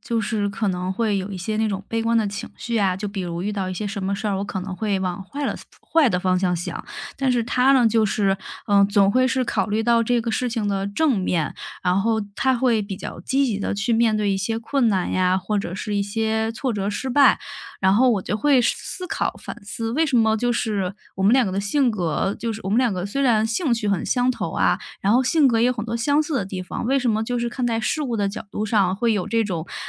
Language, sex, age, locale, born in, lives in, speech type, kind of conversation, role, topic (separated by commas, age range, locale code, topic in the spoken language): Chinese, female, 30-34, zh-CN, China, Germany, conversation, podcast, guest, 有没有一次意外的相遇改变了你的人生走向？